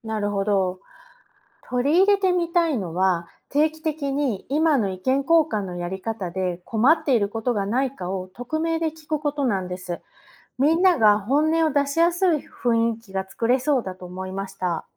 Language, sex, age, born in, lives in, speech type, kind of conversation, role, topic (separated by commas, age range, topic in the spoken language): Japanese, female, 45-49, Japan, United States, read, expert-assistant, asker, なぜ学校や職場でグループができて、時々うまくいかなくなることがあるのでしょうか？